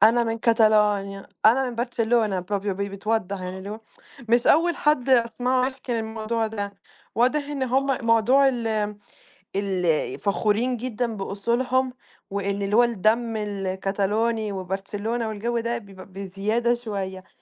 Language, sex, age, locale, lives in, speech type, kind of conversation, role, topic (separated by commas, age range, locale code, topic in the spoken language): Arabic, female, 20-24, ar-EG, Italy, conversation, unstructured, none, إزاي العيد بيجمع العيلة والأصحاب مع بعض؟